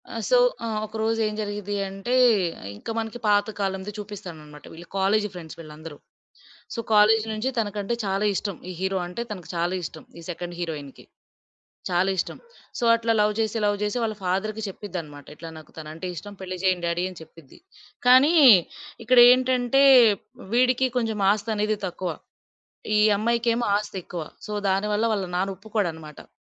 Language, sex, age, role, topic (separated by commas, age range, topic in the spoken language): Telugu, female, 20-24, guest, పాత సినిమాలు మీకు ప్రత్యేకంగా అనిపించడానికి కారణం ఏమిటి?